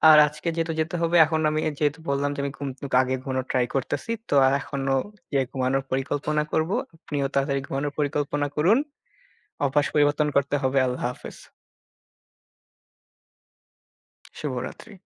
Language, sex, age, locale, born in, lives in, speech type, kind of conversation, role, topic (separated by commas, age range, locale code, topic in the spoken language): Bengali, male, 18-19, bn-IN, Bangladesh, Bangladesh, conversation, unstructured, none, আপনার দৈনন্দিন জীবনে আপনার কোন অভ্যাসটি সবচেয়ে বেশি বিরক্তিকর বলে মনে হয়?